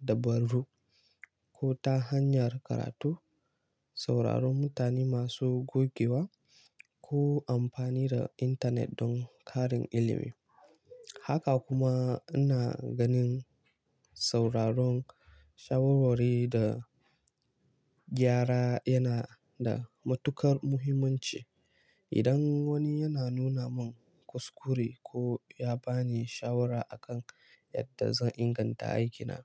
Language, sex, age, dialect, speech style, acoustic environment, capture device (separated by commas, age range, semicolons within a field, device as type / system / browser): Hausa, male, 18-24, Nigeria - Kano, focused, nature; people talking, mobile / Linux / Chrome